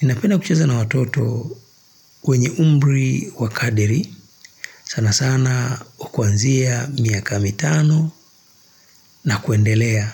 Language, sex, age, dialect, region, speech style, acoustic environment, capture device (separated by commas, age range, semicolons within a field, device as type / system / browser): Swahili, male, 35-44, Tanzania - Dar es Salaam, Tanzania, relaxed, nature, mobile / Linux / Chrome